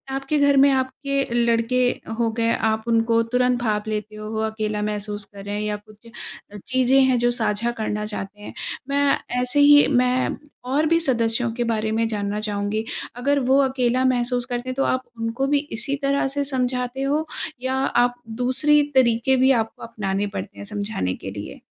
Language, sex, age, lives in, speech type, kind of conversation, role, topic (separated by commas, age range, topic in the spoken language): Hindi, female, 30-34, India, conversation, podcast, host, वे कौन से संकेत हैं जो बताते हैं कि कोई व्यक्ति अकेलापन महसूस कर रहा है?